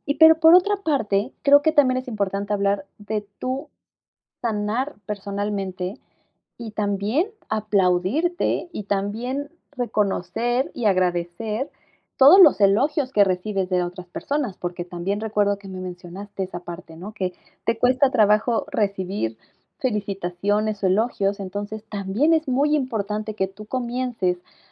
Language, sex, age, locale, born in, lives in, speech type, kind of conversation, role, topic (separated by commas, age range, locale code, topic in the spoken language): Spanish, female, 30-34, es-MX, Mexico, Mexico, conversation, advice, advisor, ¿Cómo puedo aceptar cumplidos sin minimizar mis logros personales?